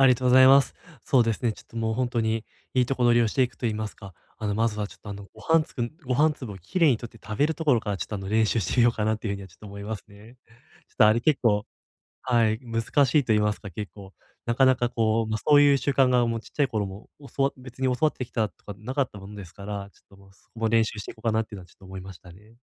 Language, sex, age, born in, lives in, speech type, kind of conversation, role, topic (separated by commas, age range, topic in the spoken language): Japanese, male, 20-24, Japan, Japan, conversation, advice, user, 伝統を大切にしながら自分らしく生きるにはどうすればよいですか？